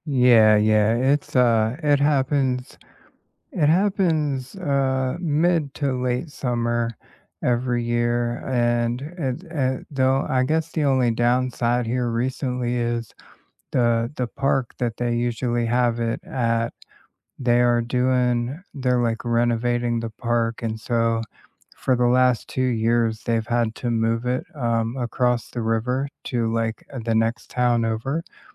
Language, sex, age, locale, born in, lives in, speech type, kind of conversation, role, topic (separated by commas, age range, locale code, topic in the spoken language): English, male, 40-44, en-US, United States, United States, conversation, unstructured, none, Which markets or street festivals best capture your city's vibe?